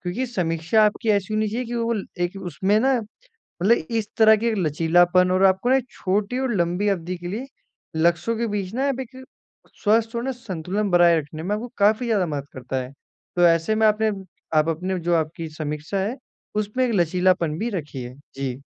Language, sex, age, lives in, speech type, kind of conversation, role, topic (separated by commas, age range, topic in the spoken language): Hindi, male, 18-19, India, conversation, advice, advisor, आप लंबी और छोटी अवधि के लक्ष्यों का संतुलन कैसे बना सकते हैं?